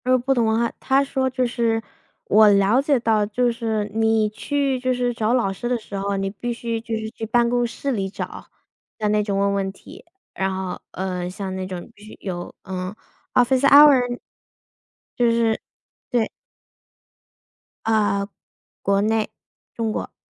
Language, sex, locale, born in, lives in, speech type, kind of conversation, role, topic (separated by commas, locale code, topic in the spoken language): Chinese, female, zh-CN, United States, United States, conversation, unstructured, none, 你觉得不同文化之间的冲突应该如何解决？